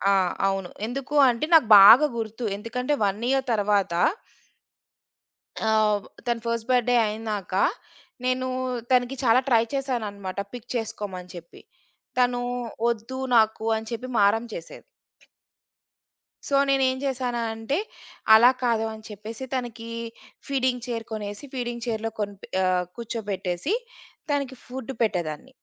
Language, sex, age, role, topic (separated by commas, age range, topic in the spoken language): Telugu, female, 35-39, guest, ఈ వంటకాన్ని పిల్లలకు ఎలా నేర్పిస్తారు?